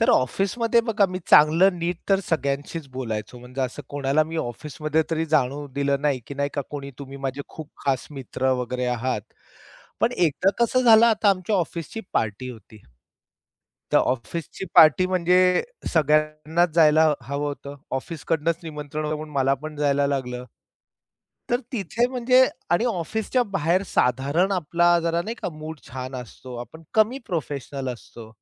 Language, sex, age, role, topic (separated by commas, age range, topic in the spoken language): Marathi, male, 45-49, guest, समूहात आपल्याला बाजूला काढल्यासारखं वाटलं तर पुढे तुम्ही काय कराल?